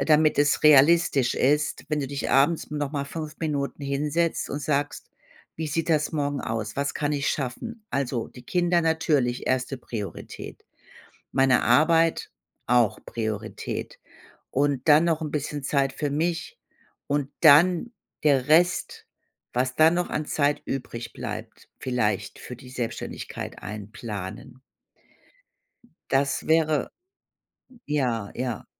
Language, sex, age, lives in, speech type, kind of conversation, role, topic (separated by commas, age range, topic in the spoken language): German, female, 55-59, Germany, conversation, advice, advisor, Wie finde ich das richtige Gleichgewicht zwischen Freizeit und Produktivität?